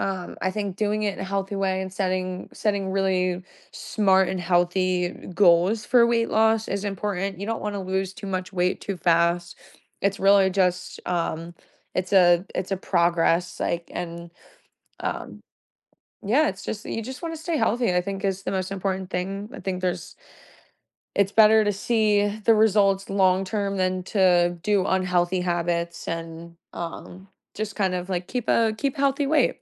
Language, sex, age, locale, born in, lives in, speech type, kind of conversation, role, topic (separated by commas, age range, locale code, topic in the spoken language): English, female, 25-29, en-US, United States, United States, conversation, podcast, guest, What are the key steps to building a safe and sustainable workout routine for beginners?